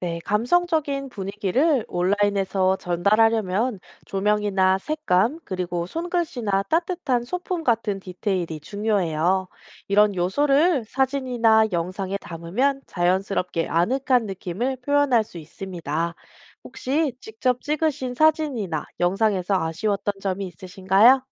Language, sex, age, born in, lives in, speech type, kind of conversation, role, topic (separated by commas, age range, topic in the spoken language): Korean, female, 30-34, South Korea, South Korea, read, expert-assistant, expert, 자영업 가게를 홍보하려면 어떤 효과적인 방법이 있을까요?